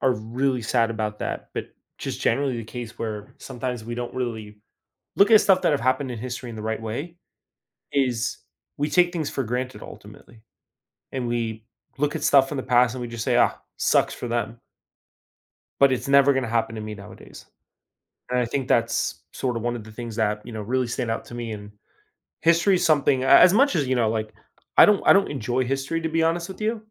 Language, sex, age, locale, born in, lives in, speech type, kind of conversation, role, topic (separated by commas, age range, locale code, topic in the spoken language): English, male, 20-24, en-US, United States, United States, conversation, podcast, host, How can reflecting on history help us make better choices today?